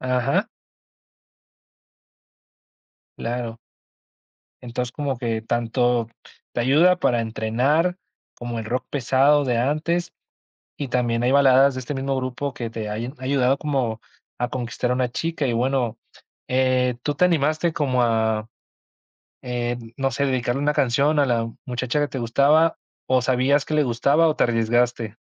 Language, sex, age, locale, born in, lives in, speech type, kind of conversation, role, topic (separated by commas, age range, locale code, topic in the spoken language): Spanish, male, 20-24, es-MX, Mexico, Mexico, conversation, podcast, host, ¿Cómo puede una canción acercarte a un recuerdo personal?